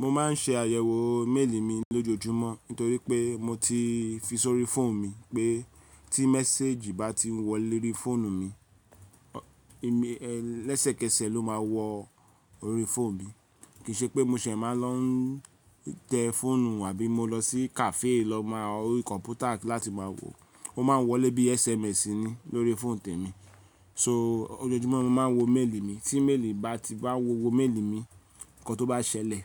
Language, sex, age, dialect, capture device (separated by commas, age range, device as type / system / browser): Yoruba, male, 35-44, Nigeria - Lagos Yoruba, mobile / Linux / Chrome